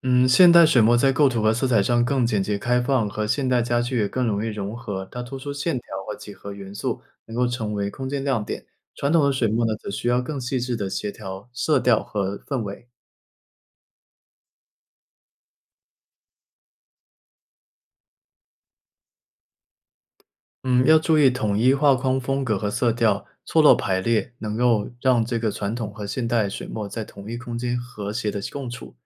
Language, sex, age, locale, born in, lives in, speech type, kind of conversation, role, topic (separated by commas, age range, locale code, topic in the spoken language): Chinese, male, 25-29, zh-CN, China, United States, read, expert-assistant, expert, 如果让你选择一幅挂在家里的画，你会选中国水墨画还是西方油画？为什么？